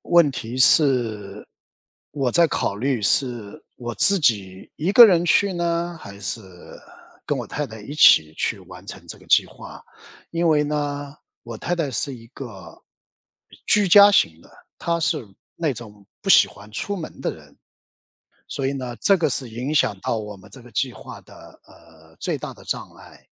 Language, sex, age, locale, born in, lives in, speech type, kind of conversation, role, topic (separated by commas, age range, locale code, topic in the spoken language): Chinese, male, 55-59, zh-CN, China, United States, conversation, advice, user, 即将退休后，我该如何充实自己的时间？